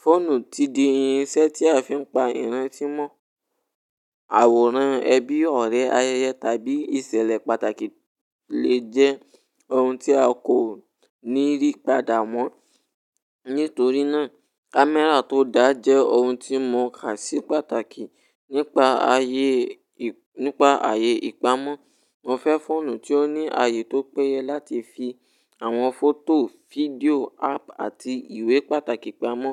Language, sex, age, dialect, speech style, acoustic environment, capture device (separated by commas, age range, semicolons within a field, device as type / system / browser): Yoruba, male, 18-24, Nigeria - Ibadan, relaxed, nature, mobile / Linux / Chrome